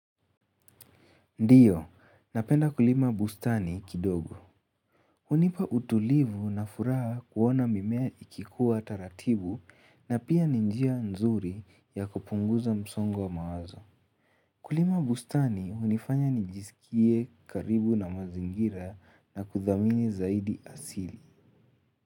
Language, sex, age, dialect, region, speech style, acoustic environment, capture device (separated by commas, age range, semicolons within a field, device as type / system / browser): Swahili, male, 18-24, Kenya - Nairobi Sheng-influenced, Kenya, excited, silence, mobile / Linux / Chrome